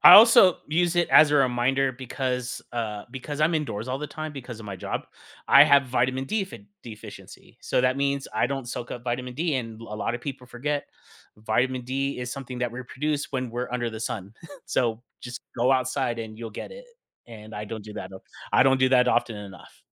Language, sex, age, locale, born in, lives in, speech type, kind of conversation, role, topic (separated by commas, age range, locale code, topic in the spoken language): English, male, 40-44, en-US, United States, United States, conversation, podcast, guest, Why is getting enough sleep essential for our overall well-being?